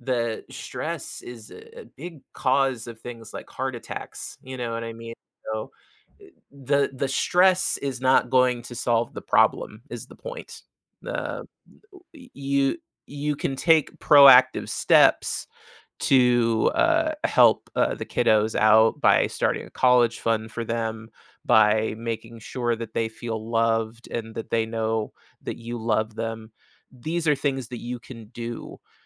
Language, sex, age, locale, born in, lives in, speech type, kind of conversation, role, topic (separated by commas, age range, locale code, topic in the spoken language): English, male, 35-39, en-US, United States, United States, conversation, advice, advisor, How can I manage stress from daily responsibilities?